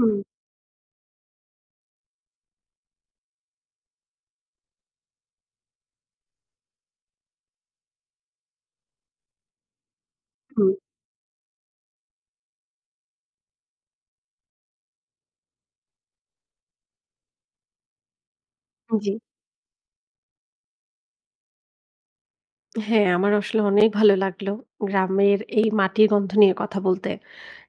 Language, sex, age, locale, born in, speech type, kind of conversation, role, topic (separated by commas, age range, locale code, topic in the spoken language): Bengali, female, 35-39, bn-BD, Bangladesh, conversation, podcast, guest, মাটির গন্ধ পেলে আপনার ভেতরে কী কী পরিবর্তন আসে?